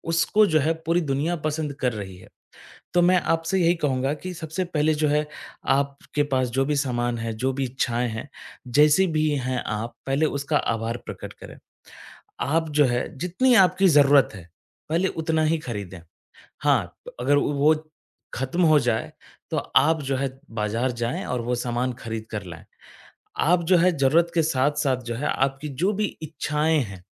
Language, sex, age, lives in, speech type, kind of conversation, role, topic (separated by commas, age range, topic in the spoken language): Hindi, male, 25-29, India, conversation, advice, advisor, मैं अपनी मौजूदा चीज़ों से संतुष्ट कैसे रहूँ?